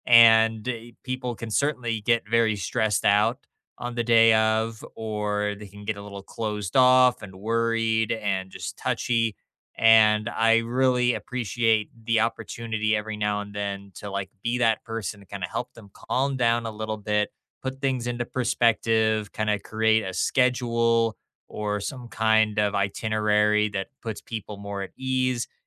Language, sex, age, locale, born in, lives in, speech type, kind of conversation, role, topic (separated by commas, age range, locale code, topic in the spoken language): English, male, 25-29, en-US, United States, United States, conversation, unstructured, none, What makes a job feel rewarding to you?